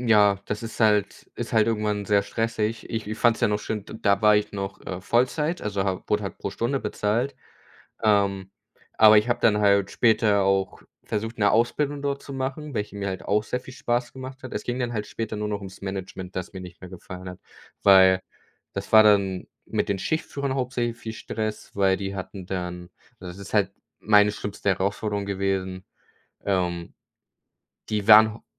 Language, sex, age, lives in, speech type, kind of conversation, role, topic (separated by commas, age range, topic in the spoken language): German, male, 18-19, Germany, conversation, unstructured, none, Was war dein erster Job, und was hast du daraus gelernt?